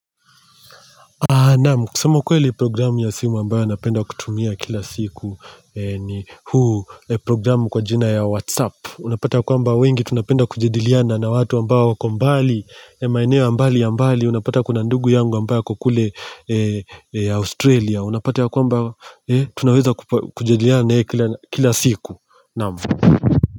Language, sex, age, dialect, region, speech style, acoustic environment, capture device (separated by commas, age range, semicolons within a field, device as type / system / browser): Swahili, male, 25-34, Kenya - Mombasa, Kenya, happy; relaxed; excited, nature; silence, mobile / Linux / Chrome